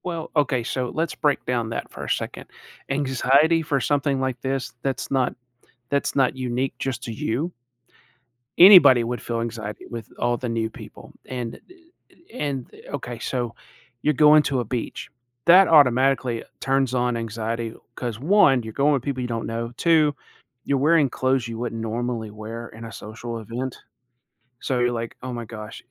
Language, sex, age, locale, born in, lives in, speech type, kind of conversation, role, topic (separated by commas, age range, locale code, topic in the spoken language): English, male, 40-44, en-US, United States, United States, conversation, advice, advisor, How can I manage my anxiety and feel more comfortable at social events?